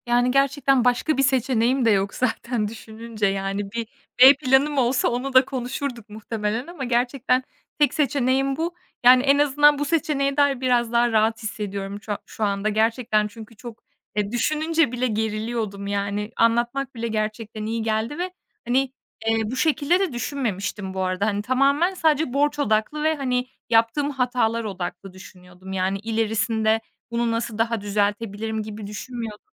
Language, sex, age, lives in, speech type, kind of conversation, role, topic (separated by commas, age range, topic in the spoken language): Turkish, female, 25-29, Estonia, conversation, advice, user, Kredi kartı borcunuz nasıl birikti ve bu durum size nasıl bir utanç duygusu yaşattı?